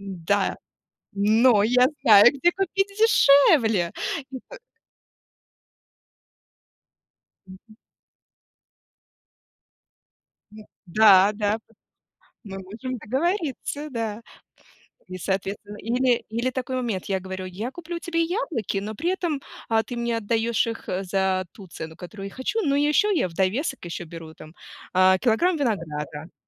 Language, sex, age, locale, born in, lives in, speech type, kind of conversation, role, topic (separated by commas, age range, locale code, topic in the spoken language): Russian, female, 35-39, ru-RU, Russia, United States, conversation, unstructured, none, Почему важно уметь вести переговоры о цене?